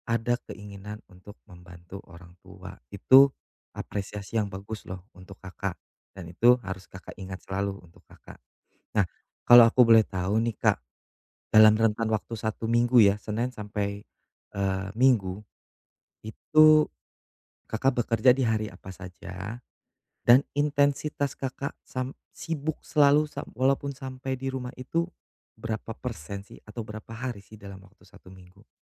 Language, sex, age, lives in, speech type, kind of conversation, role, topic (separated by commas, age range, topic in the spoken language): Indonesian, male, 35-39, Indonesia, conversation, advice, advisor, Mengapa saya sulit rileks dan bersantai di rumah?